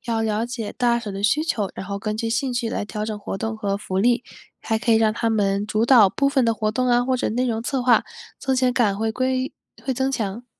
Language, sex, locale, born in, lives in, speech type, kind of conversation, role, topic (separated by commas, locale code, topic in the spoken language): Chinese, female, zh-CN, China, United States, read, expert-assistant, expert, 如果一家企业想让大家更喜欢自己，有哪些简单有效的公关做法可以尝试？